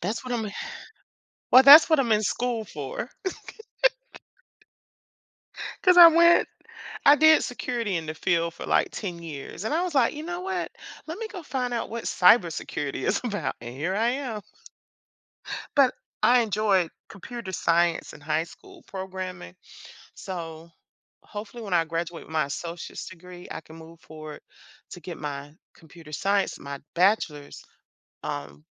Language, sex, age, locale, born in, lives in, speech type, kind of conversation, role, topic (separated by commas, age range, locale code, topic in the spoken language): English, female, 50-54, en-US, United States, United States, conversation, unstructured, none, What is your biggest takeaway from learning something new recently?